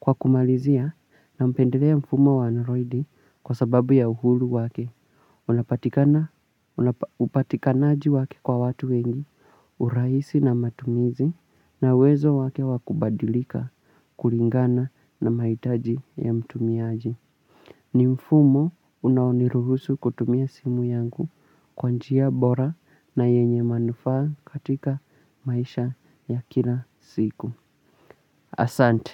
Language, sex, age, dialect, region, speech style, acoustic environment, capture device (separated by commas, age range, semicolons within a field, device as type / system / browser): Swahili, male, 18-24, Kenya - Mombasa, Kenya, relaxed, nature, mobile / Linux / Chrome